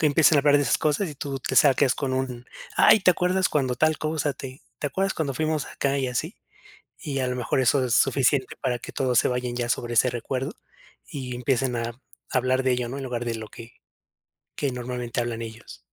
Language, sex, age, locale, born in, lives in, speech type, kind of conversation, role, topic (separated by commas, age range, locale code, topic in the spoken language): Spanish, male, 30-34, es-MX, Mexico, Mexico, conversation, advice, advisor, ¿Cómo puedo vivir de forma auténtica de acuerdo con mis valores cuando me siento presionado?